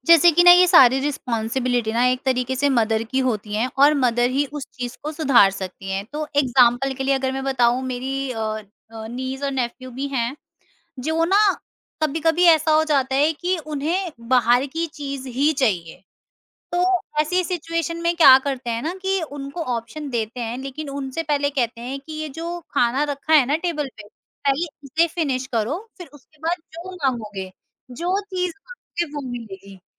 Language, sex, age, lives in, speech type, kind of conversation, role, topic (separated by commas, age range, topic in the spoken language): Hindi, female, 50-54, India, conversation, podcast, guest, Cravings aur asli bhookh mein tum kaise farq karte ho?